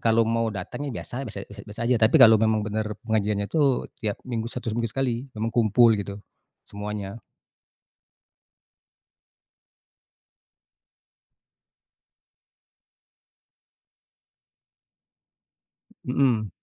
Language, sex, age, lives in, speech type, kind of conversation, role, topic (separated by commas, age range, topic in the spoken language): Indonesian, male, 40-44, Indonesia, conversation, podcast, guest, Siapa guru yang paling berkesan bagi Anda, dan mengapa beliau begitu berpengaruh dalam hidup Anda?